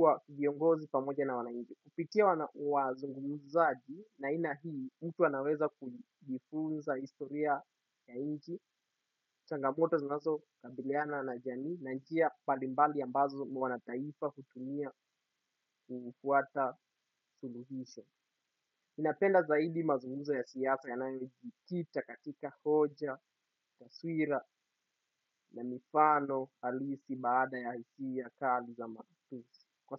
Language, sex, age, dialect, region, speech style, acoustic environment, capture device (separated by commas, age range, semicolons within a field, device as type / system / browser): Swahili, male, 18-24, Kenya - Mombasa, Kenya, happy, silence, desktop / Windows / Chrome